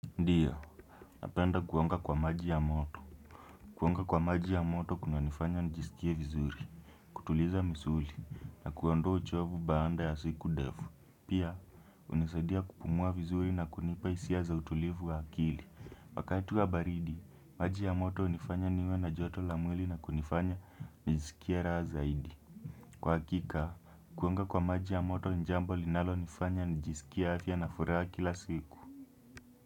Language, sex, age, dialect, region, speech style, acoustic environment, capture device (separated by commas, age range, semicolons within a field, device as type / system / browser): Swahili, male, 18-24, Kenya - Nairobi Sheng-influenced, Kenya, happy, silence, desktop / Windows / Chrome